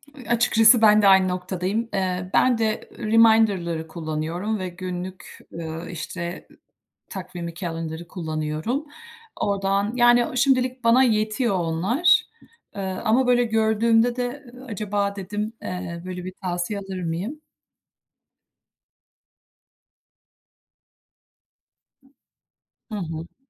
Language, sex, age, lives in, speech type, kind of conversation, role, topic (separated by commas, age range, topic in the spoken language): Turkish, female, 45-49, United States, conversation, unstructured, none, Zaman yönetimi becerilerinizi nasıl geliştirmek istersiniz?